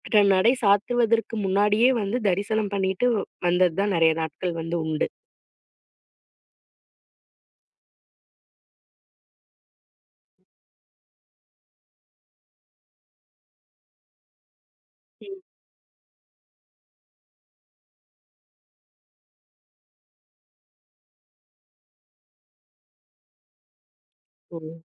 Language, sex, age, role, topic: Tamil, female, 35-39, guest, கோயில் அல்லது பிற மத இடத்தில் நீங்கள் அனுபவித்த அமைதியைப் பற்றி சொல்ல முடியுமா?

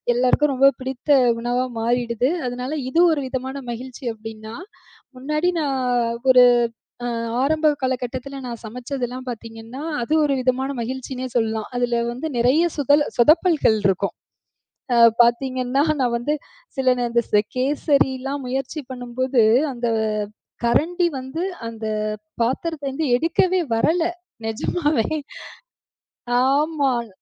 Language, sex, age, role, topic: Tamil, female, 35-39, guest, சமையல் செய்வது உங்களுக்கு எப்படிப் மகிழ்ச்சி தருகிறது?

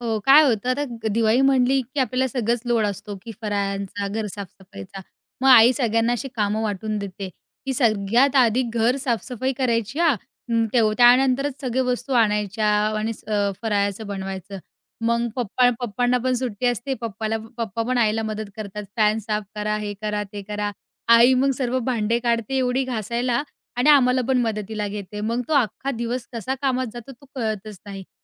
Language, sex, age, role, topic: Marathi, female, 20-24, guest, तुमच्या घरातील सर्वात आवडता सण कोणता आहे?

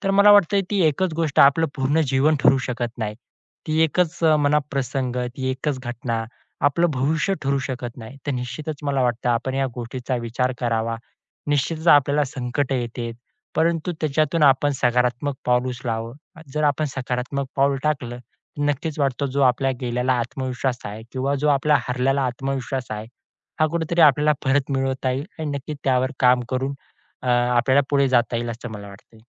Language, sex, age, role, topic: Marathi, male, 20-24, guest, अयशस्वी झाल्यानंतर तुम्ही आत्मविश्वास पुन्हा कसा मिळवला?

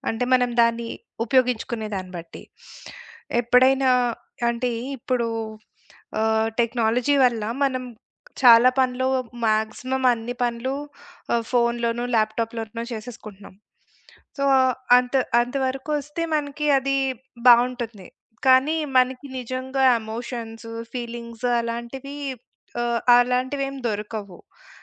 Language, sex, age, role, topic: Telugu, female, 40-44, guest, ఆధునిక సాంకేతికత మన ఒంటరితనాన్ని తగ్గిస్తుందా, లేక పెంచుతుందా?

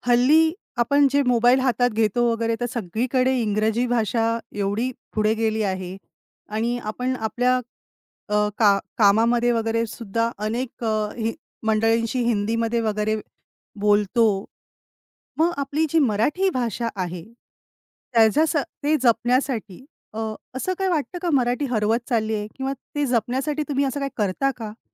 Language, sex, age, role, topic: Marathi, female, 35-39, host, भाषा जपण्याबाबत तुम्ही काय करताय?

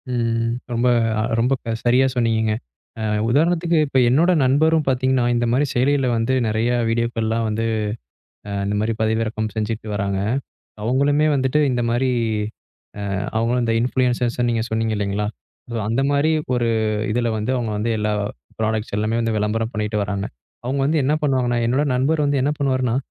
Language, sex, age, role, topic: Tamil, male, 25-29, host, பிரபலத்தைக் கொண்டு பணம் சம்பாதிப்பது சரியா?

